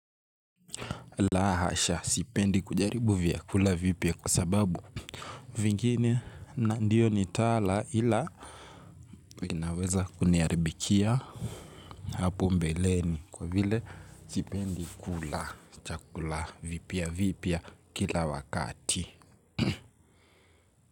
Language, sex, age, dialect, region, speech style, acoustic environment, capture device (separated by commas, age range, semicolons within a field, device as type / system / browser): Swahili, male, 18-24, Kenya - Nairobi Sheng-influenced, Kenya, happy, music, mobile / Linux / Chrome